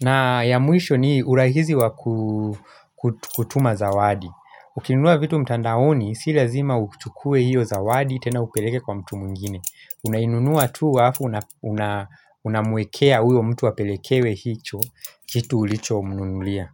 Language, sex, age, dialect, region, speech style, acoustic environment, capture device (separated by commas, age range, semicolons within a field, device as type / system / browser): Swahili, male, 25-34, Kenya - Nairobi Sheng-influenced, Kenya, relaxed, silence; nature, mobile / Linux / Chrome